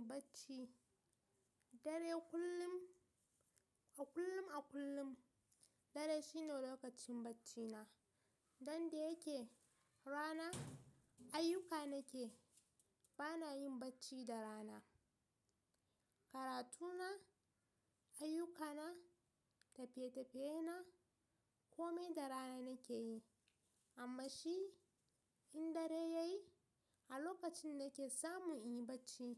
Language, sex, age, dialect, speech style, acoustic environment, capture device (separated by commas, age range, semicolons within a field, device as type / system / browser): Hausa, female, 18-24, Nigeria - Kano, relaxed, silence, mobile / Linux / Chrome